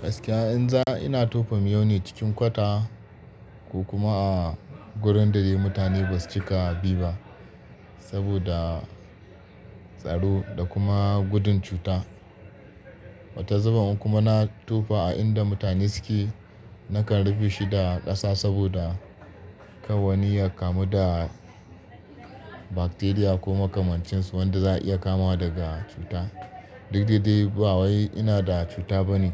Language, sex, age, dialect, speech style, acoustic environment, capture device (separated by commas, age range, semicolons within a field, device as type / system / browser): Hausa, male, 25-34, Nigeria - Kano, happy; focused; relaxed, people talking; nature, desktop / Linux / Chrome